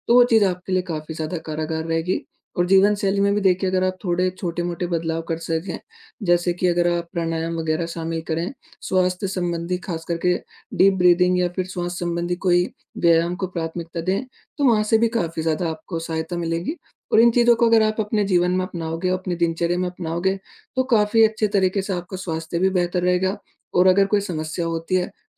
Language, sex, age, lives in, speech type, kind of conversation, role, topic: Hindi, male, 20-24, India, conversation, advice, advisor, आपके स्वास्थ्य में अचानक क्या बदलाव हुआ है?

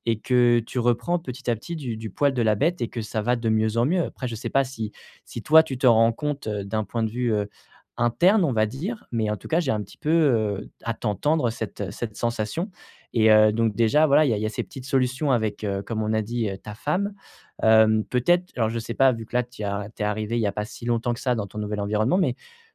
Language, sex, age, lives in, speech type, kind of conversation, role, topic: French, male, 25-29, France, conversation, advice, advisor, Comment reprendre une habitude après une longue pause ?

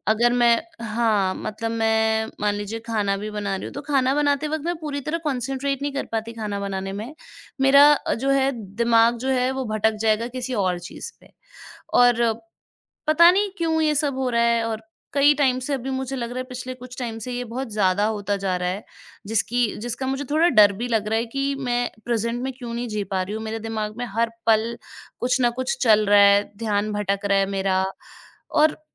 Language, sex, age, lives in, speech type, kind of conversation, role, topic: Hindi, female, 25-29, India, conversation, advice, user, दिन में बार-बार ध्यान भटकने से काम पूरा नहीं होता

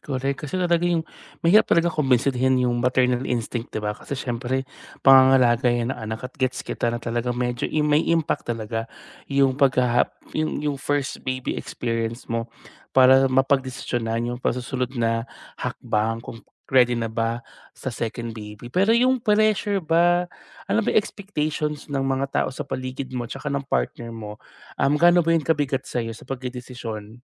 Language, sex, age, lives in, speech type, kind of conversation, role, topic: Filipino, male, 30-34, Philippines, conversation, advice, advisor, Paano mo ilalarawan ang pakiramdam na inaasahan kang magkaroon ng anak kahit hindi ka pa handa?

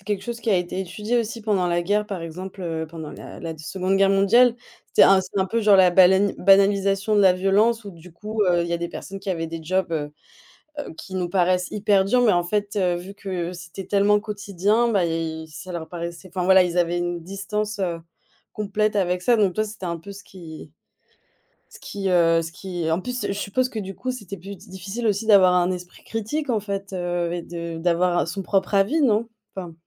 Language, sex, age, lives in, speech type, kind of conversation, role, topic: French, female, 25-29, Germany, conversation, podcast, host, Comment tu t'organises pour rester informé sans t'épuiser ?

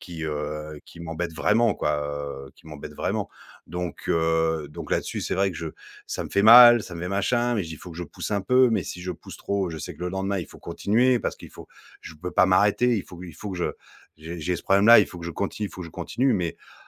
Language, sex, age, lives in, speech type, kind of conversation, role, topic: French, male, 40-44, France, conversation, advice, user, Comment puis-je commencer à prioriser mes soins personnels de façon réaliste ?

